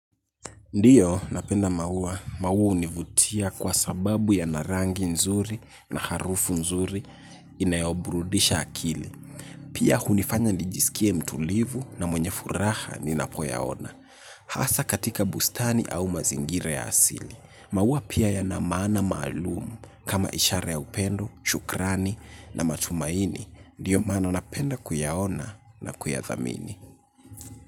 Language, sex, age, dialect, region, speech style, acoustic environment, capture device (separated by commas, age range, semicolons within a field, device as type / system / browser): Swahili, male, 18-24, Kenya - Nairobi Sheng-influenced, Kenya, relaxed; focused, nature; silence, mobile / Linux / Chrome